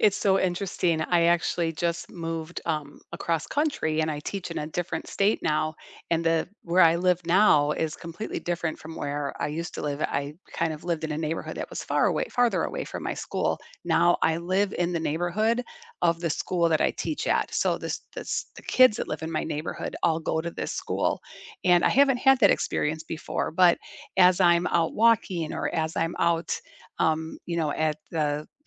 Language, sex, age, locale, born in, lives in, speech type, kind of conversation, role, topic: English, female, 55-59, en-US, United States, United States, conversation, unstructured, none, How can teachers inspire students?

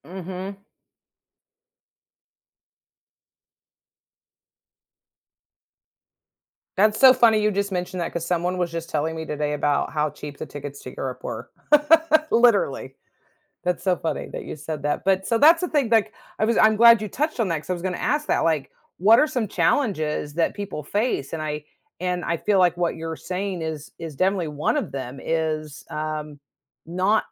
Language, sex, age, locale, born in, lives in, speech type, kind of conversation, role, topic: English, female, 50-54, en-US, United States, United States, conversation, podcast, host, How does having an emergency fund help protect you from unexpected financial challenges?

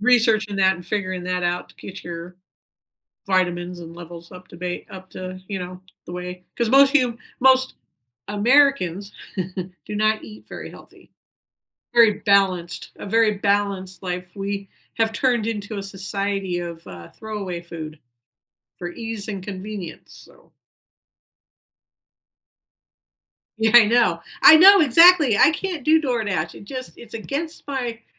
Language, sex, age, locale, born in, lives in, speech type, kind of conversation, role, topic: English, female, 55-59, en-US, United States, United States, conversation, unstructured, none, What small daily ritual makes your day better?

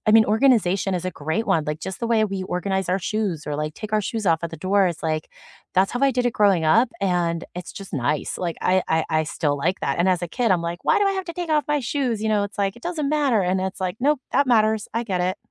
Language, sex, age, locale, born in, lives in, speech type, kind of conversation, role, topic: English, female, 35-39, en-US, United States, United States, conversation, unstructured, none, What simple rituals help you honor memories and keep meaningful connections alive?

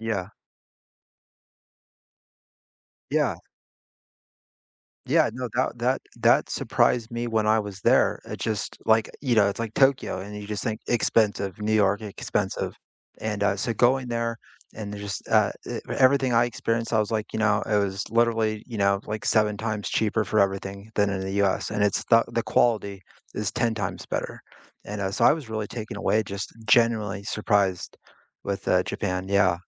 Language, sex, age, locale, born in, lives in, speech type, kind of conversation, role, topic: English, male, 40-44, en-US, United States, United States, conversation, unstructured, none, What recent meal genuinely surprised you, and where were you, who were you with, and why did it stand out?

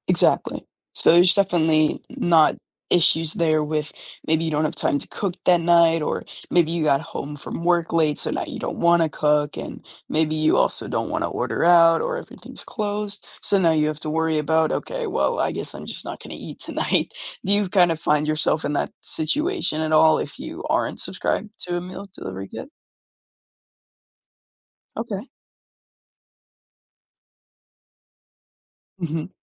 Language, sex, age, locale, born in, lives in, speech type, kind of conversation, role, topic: English, male, 18-19, en-US, United States, United States, conversation, advice, advisor, How can I decide if a meal kit subscription is right for my lifestyle?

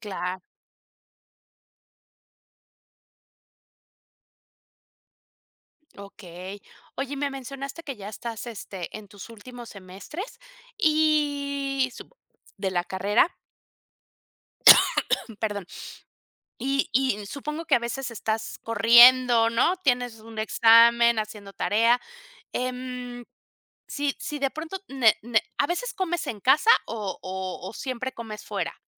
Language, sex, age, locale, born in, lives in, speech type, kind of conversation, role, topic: Spanish, female, 50-54, es-MX, Mexico, Mexico, conversation, podcast, host, ¿Cómo elegir comida rápida sin arruinar la salud?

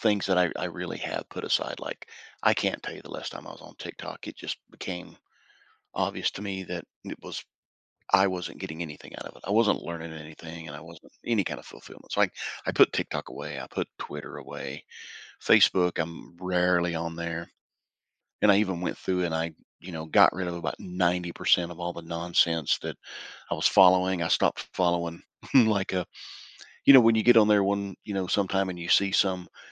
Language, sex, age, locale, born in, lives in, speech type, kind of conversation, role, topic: English, male, 55-59, en-US, United States, United States, conversation, podcast, guest, What are some effective ways to create a healthy balance between technology use and offline life?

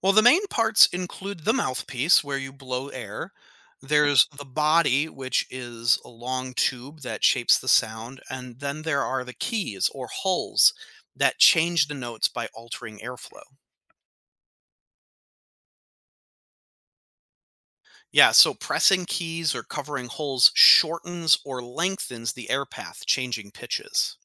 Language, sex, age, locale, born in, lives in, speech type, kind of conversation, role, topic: English, male, 35-39, en-US, United States, United States, read, expert-assistant, expert, How does a wind instrument produce sound?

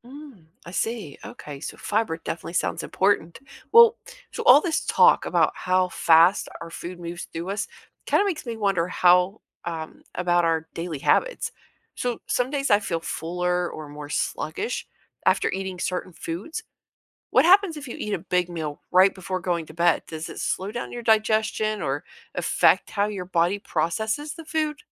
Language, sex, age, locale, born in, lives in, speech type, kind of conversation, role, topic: English, female, 45-49, en-US, United States, United States, read, expert-assistant, asker, What happens to food after we eat it?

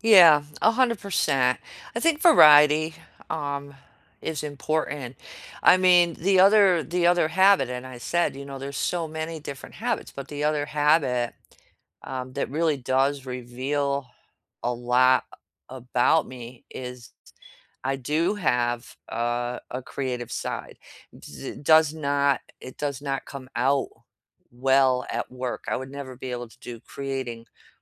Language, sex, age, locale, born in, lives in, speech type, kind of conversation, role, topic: English, female, 55-59, en-US, United States, United States, conversation, unstructured, none, Which everyday habit reveals the most about your values and identity?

